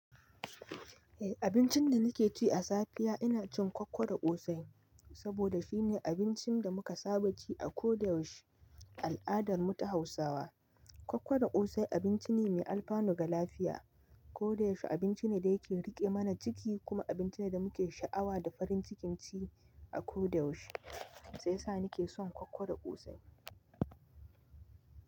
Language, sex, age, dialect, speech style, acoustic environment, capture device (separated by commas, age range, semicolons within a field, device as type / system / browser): Hausa, male, 25-34, Nigeria - Nigerian Standard, anxious, music; nature, mobile / Linux / Chrome